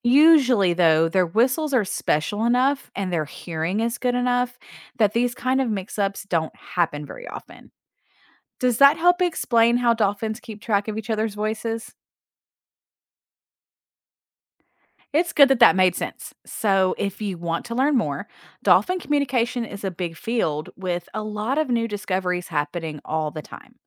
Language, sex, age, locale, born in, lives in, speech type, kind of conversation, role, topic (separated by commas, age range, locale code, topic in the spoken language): English, female, 40-44, en-US, United States, United States, read, expert-assistant, expert, How do dolphins communicate and understand each other in groups?